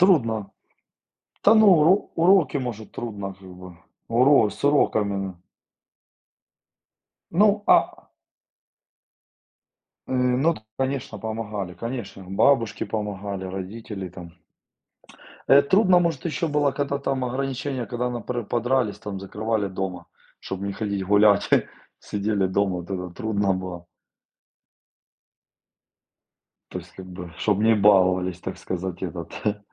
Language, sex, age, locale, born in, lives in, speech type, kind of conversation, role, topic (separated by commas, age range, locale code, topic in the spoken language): Russian, male, 40-44, ru-RU, Ukraine, Spain, conversation, unstructured, none, Как вы в детстве относились к правилам и ограничениям?